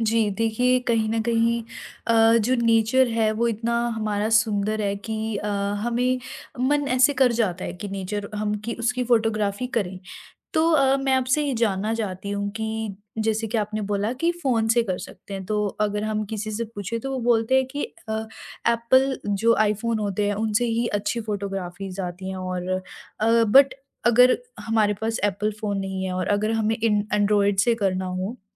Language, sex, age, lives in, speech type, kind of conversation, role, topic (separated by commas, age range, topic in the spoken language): Hindi, female, 40-44, India, conversation, podcast, host, Nature ki photography ke liye simple tips kya hain?